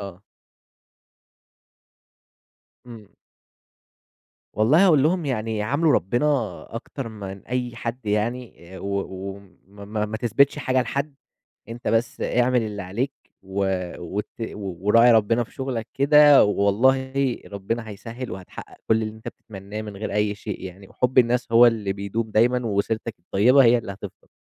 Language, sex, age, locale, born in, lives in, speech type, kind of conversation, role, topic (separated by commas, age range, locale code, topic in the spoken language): Arabic, male, 20-24, ar-EG, Egypt, Egypt, conversation, podcast, guest, مين كان مُدرّسك المفضّل وليه؟